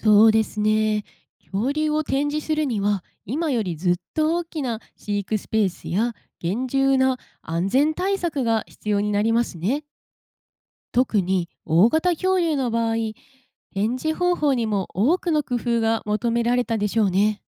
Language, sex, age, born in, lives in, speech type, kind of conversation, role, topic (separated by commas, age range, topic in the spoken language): Japanese, female, 25-29, Japan, Japan, read, expert-assistant, expert, もし恐竜が現代に生きていたら、私たちの生活はどう変わりますか？